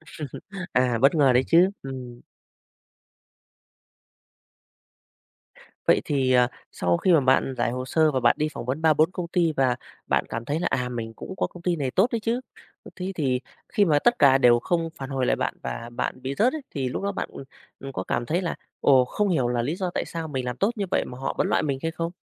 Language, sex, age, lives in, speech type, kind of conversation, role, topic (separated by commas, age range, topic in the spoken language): Vietnamese, male, 35-39, Vietnam, conversation, podcast, host, Bạn đối diện với nỗi sợ thất bại thế nào?